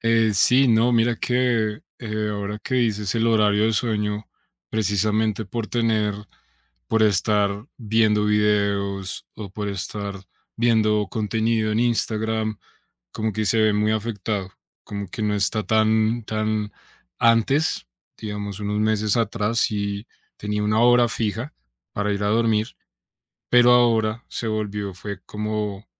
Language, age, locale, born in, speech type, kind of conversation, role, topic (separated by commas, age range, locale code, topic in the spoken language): Spanish, 30-34, es-CO, Colombia, conversation, advice, user, ¿Cómo te afecta la pérdida de enfoque debido a las distracciones digitales y la falta de rutina?